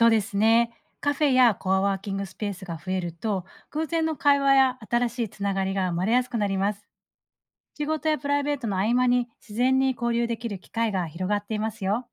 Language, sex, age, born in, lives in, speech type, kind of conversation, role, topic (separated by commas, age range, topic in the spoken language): Japanese, female, 40-44, Japan, Japan, read, expert-assistant, expert, 新しい働き方や生活スタイルが広がる中で、街での過ごし方や出会い方はどう変わっていくと思いますか？